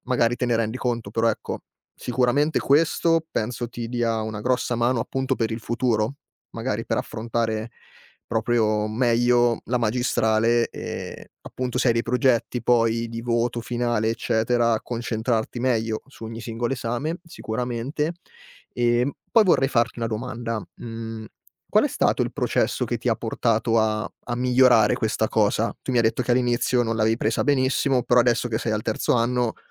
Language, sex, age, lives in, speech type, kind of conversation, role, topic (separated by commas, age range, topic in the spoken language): Italian, male, 25-29, Italy, conversation, podcast, host, Come fai a mantenere la costanza nei progetti di lunga durata?